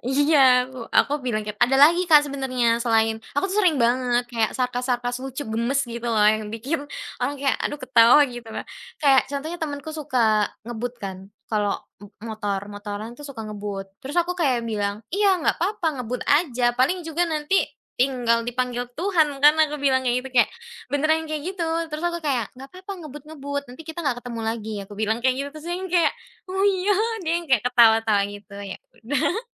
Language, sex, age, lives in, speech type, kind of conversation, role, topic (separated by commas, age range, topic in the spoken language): Indonesian, female, 20-24, Indonesia, conversation, podcast, guest, Bagaimana biasanya kamu memulai cerita supaya orang langsung tertarik dan ikut mendengarkan?